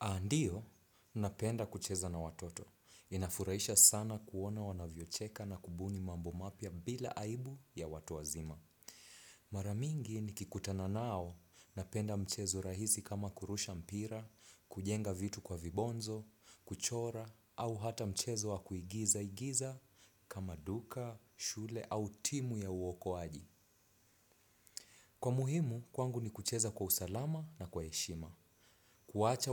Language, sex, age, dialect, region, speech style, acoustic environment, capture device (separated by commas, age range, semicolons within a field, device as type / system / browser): Swahili, male, 25-34, Kenya - Mombasa, Kenya, relaxed, nature, desktop / Windows / Chrome